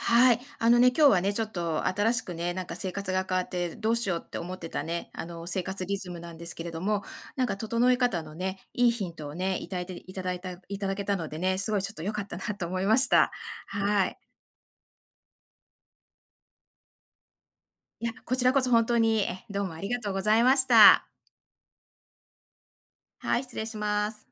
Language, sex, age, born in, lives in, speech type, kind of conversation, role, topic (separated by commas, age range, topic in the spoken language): Japanese, female, 55-59, Japan, United States, conversation, advice, user, 新しい環境で生活リズムをどう整えたらいいですか？